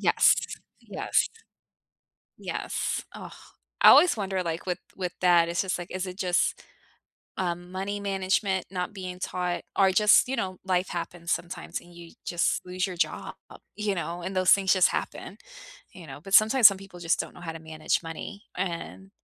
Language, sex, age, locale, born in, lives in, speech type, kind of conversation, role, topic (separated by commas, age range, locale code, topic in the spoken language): English, female, 35-39, en-US, United States, United States, conversation, unstructured, none, How can you make living with someone feel fair when your chores and preferences are so different?